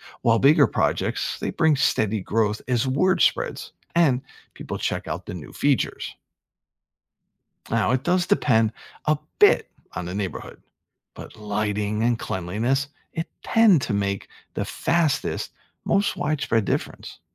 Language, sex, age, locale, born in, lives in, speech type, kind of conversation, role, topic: English, male, 50-54, en-US, United States, United States, read, expert-assistant, expert, What factors make public parks feel less safe?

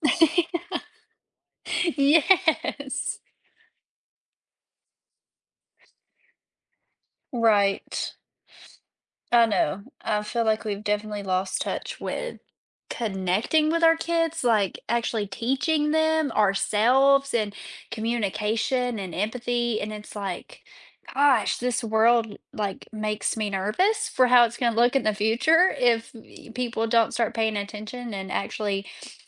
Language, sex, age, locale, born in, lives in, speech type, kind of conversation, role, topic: English, female, 25-29, en-US, United States, United States, conversation, podcast, host, Why is it important for students to view mistakes as opportunities for learning and growth?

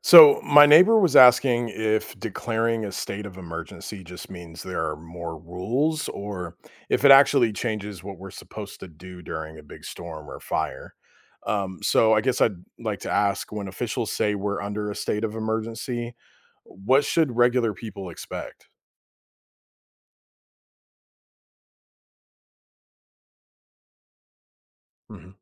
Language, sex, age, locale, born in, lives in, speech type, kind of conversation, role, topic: English, male, 35-39, en-US, United States, United States, read, expert-assistant, asker, What do people mean when they talk about a 'state of emergency' during disasters?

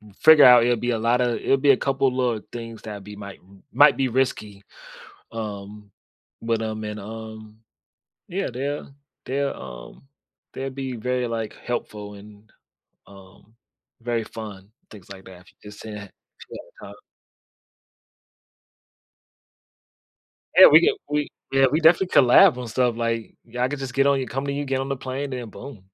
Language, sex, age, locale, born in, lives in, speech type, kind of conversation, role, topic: English, male, 25-29, en-US, United States, United States, conversation, unstructured, none, What hobby would you try if you had unlimited time and money?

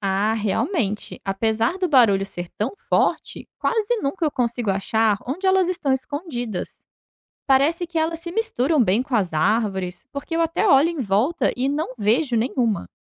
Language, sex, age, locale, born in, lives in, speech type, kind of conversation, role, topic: Portuguese, female, 30-34, pt-BR, Brazil, Portugal, read, expert-assistant, asker, Como as cigarras produzem sons tão altos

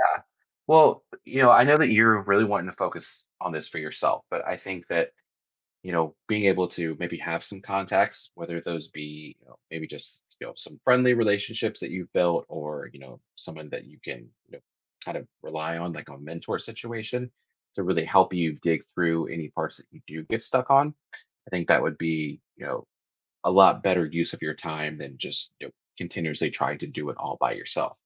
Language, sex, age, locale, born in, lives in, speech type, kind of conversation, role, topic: English, male, 30-34, en-US, United States, United States, conversation, advice, advisor, How can I prioritize my tasks effectively?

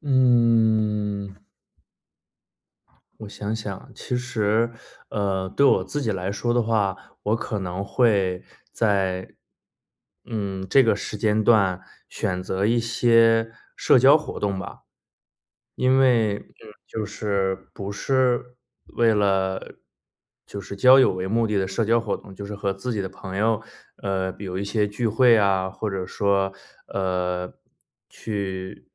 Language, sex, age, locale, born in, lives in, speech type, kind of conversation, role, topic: Chinese, male, 30-34, zh-CN, China, United States, conversation, advice, advisor, 分手后独处时为什么会感到很孤单？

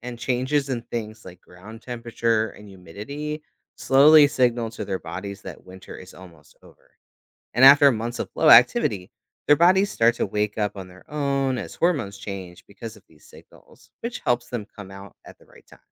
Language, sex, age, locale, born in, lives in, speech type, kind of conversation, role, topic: English, male, 35-39, en-US, United States, United States, read, expert-assistant, expert, How do animals survive harsh seasons like winter?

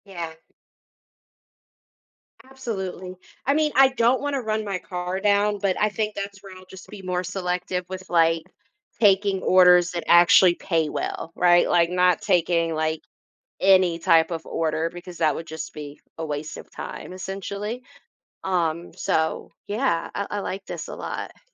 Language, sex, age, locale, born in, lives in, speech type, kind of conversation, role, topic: English, female, 30-34, en-US, United States, United States, conversation, advice, user, How can I better balance work and personal life?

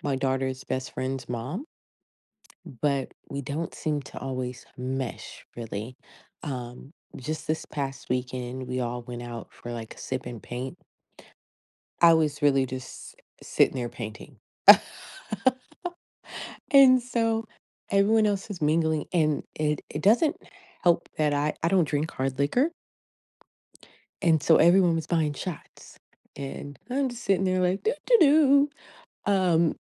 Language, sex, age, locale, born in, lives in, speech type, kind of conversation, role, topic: English, female, 35-39, en-US, United States, United States, conversation, advice, user, What should I ask when seeking emotional support?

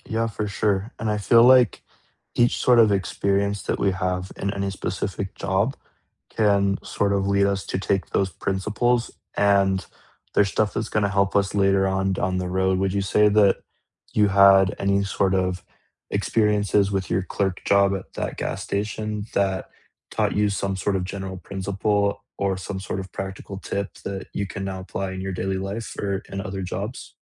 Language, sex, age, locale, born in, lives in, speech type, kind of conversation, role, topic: English, male, 20-24, en-US, United States, United States, conversation, unstructured, none, What small, practical life tip do you wish you’d learned sooner, and how has it helped?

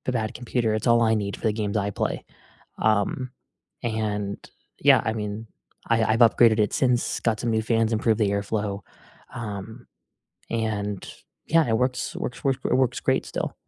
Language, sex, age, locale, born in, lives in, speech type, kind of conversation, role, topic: English, male, 20-24, en-US, United States, United States, conversation, unstructured, none, When have you tried to fix something yourself, and how did it go?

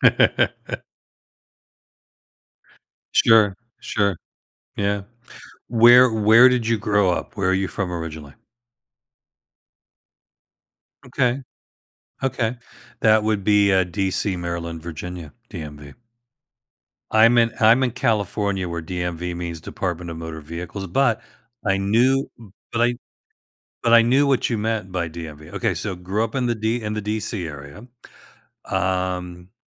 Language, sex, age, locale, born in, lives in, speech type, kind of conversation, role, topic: English, male, 65-69, en-US, United States, United States, conversation, advice, advisor, How can I revive childhood family traditions to feel more connected?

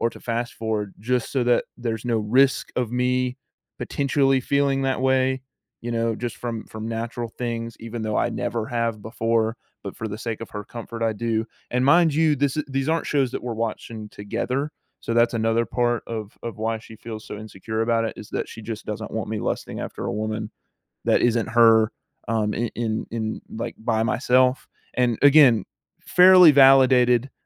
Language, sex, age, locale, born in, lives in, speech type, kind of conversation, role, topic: English, male, 18-19, en-US, United States, United States, conversation, advice, user, How can I tell my partner about my feelings without causing conflict?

